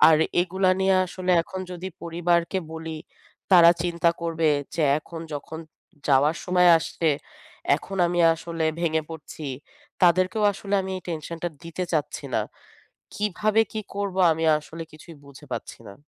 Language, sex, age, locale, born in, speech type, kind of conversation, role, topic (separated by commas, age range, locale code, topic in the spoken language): Bengali, female, 30-34, bn-BD, Bangladesh, conversation, advice, user, নতুন শহরের ভিন্ন আবহাওয়া ও তাপমাত্রায় কীভাবে মানিয়ে নেব?